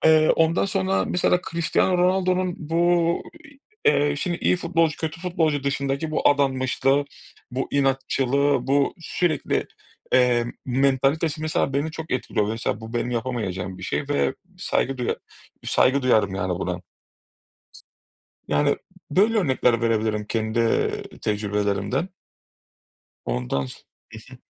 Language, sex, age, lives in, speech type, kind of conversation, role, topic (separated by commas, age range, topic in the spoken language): Turkish, male, 30-34, Greece, conversation, unstructured, none, Tarihte seni en çok etkileyen kahraman kimdi?